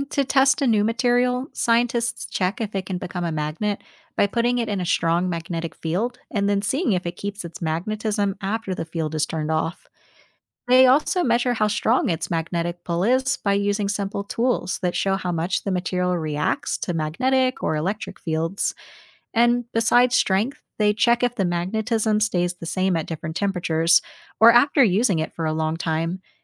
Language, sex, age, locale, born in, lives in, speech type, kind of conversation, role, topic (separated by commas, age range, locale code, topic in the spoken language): English, female, 30-34, en-US, United States, United States, read, expert-assistant, expert, What makes magnets attract or repel each other?